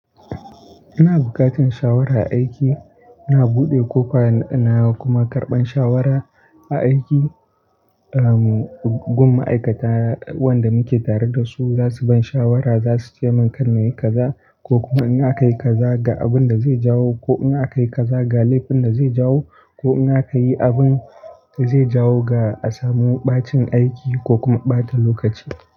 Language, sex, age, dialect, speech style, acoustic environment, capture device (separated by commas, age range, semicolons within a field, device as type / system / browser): Hausa, male, 18-24, Nigeria - Kano, relaxed; happy; focused; excited, nature, desktop / Linux / Chrome